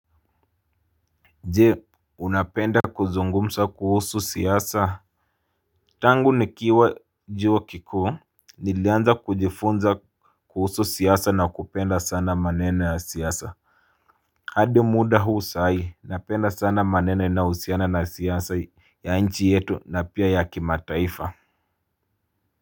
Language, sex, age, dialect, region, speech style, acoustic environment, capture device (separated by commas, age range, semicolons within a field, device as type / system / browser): Swahili, male, 25-34, Kenya - Mombasa, Kenya, happy, people talking, mobile / Linux / Chrome